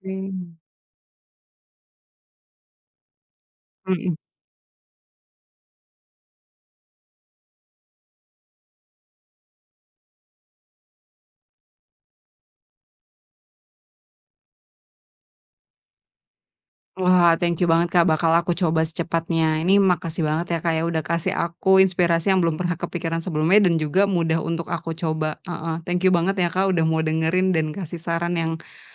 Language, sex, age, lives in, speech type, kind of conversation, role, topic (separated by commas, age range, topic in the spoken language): Indonesian, female, 30-34, Indonesia, conversation, advice, user, Bagaimana cara mengurangi rasa kantuk di pagi hari secara konsisten setiap hari?